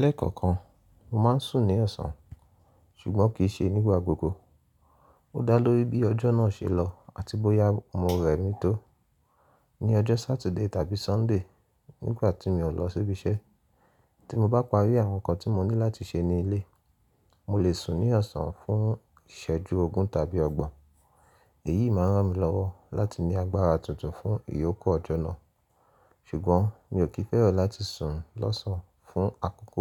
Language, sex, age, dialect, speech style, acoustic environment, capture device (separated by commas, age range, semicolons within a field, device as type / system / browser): Yoruba, male, 25-34, Nigeria - Nigerian Standard, happy; relaxed, silence, mobile / Linux / Chrome